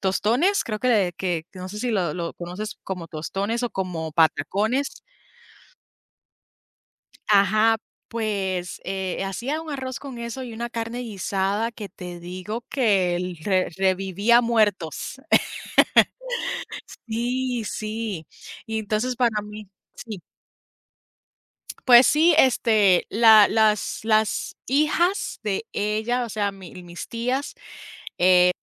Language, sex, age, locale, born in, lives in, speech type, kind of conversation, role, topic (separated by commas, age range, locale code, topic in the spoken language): Spanish, female, 30-34, es-US, Puerto Rico, United States, conversation, unstructured, none, ¿Cuál es tu mejor recuerdo con tus abuelos?